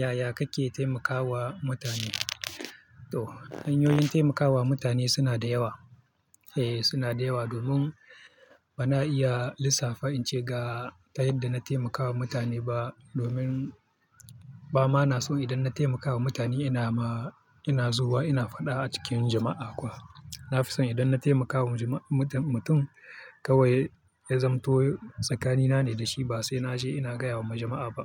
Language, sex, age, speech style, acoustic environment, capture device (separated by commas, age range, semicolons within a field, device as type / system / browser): Hausa, male, 25-34, happy; focused, people talking; traffic; music; nature, mobile / Linux / Chrome